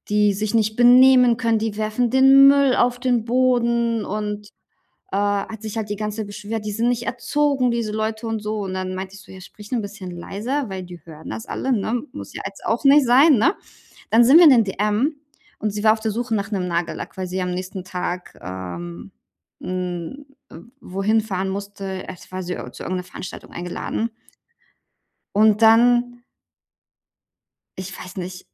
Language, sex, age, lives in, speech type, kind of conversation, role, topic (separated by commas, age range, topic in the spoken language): German, female, 35-39, Germany, conversation, advice, user, Wie kann ich in einer neuen Beziehung gesunde Grenzen setzen?